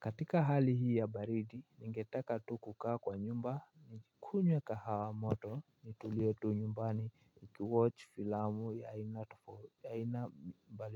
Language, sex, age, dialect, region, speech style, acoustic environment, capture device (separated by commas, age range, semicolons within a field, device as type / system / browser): Swahili, male, 18-24, Kenya - Nairobi Sheng-influenced, Kenya, happy, silence, mobile / Linux / Chrome